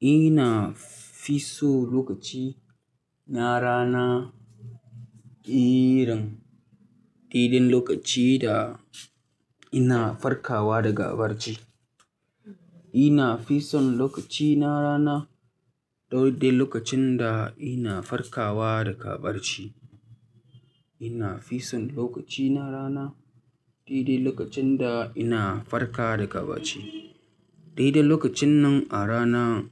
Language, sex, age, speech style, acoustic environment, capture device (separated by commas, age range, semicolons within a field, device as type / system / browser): Hausa, male, 25-34, relaxed, people talking; traffic; silence, mobile / Linux / Chrome